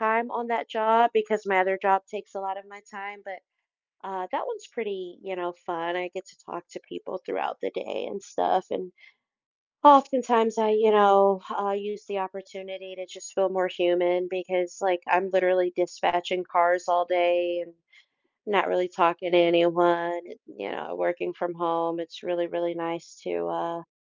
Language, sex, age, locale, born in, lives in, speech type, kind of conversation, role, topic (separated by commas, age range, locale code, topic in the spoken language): English, female, 30-34, en-US, United States, United States, conversation, advice, user, How can I add variety and energy to my daily routine?